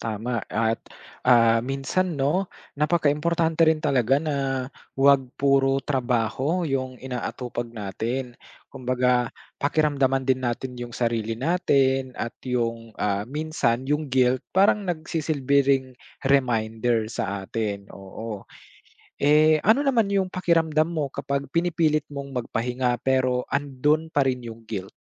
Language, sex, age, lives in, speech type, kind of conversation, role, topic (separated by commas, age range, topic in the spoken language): Filipino, male, 25-29, Philippines, conversation, podcast, host, Paano mo napapawi ang guilt kapag nagpapahinga ka muna?